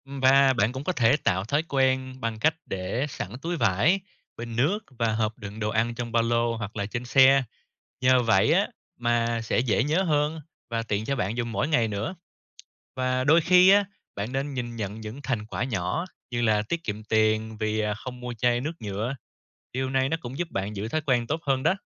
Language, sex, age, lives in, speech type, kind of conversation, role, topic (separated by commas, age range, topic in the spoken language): Vietnamese, male, 30-34, Vietnam, read, expert-assistant, expert, Vì sao cần bảo vệ biển và đại dương?